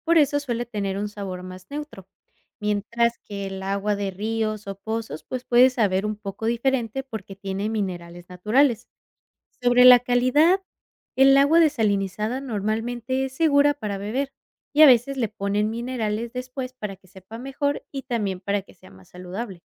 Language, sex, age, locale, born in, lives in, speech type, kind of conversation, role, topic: Spanish, female, 25-29, es-MX, Mexico, United States, read, expert-assistant, expert, Describe el proceso de desalinización y su utilidad en zonas áridas.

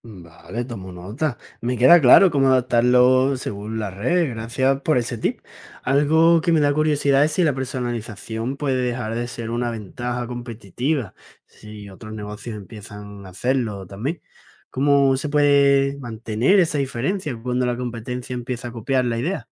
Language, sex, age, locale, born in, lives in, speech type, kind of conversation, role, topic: Spanish, male, 20-24, es-ES, Spain, Spain, read, expert-assistant, asker, ¿Cómo puedo destacar mi pastelería personalizando pasteles y aprovechando recomendaciones?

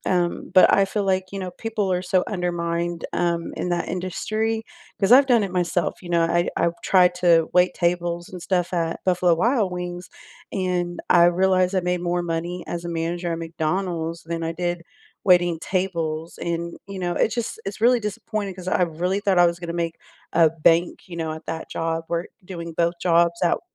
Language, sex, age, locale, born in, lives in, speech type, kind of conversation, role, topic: English, female, 35-39, en-US, United States, United States, conversation, unstructured, none, What small, everyday gestures make you feel appreciated, and how can we offer them more intentionally?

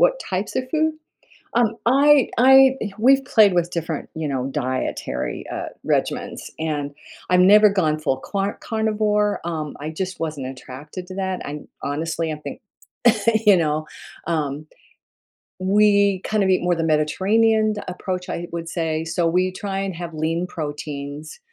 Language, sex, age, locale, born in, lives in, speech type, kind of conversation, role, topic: English, female, 70-74, en-US, United States, United States, conversation, podcast, guest, How do you find a fitness routine that truly works for you?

